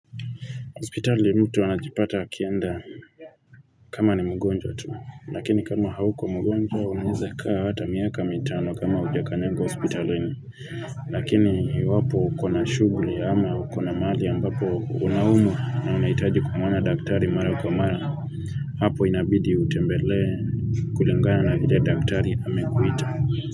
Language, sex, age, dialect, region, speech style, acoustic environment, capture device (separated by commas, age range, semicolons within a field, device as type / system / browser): Swahili, male, 25-34, Kenya - Nairobi Sheng-influenced, Kenya, focused, people talking, mobile / Linux / Chrome